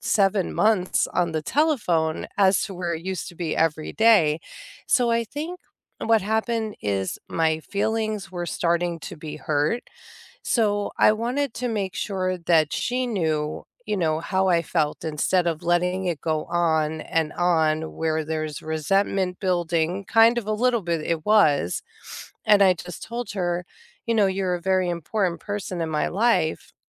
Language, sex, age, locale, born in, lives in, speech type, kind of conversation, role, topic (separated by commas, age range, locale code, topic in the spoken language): English, female, 45-49, en-US, United States, United States, conversation, unstructured, none, How do you reconnect after an argument or misunderstanding?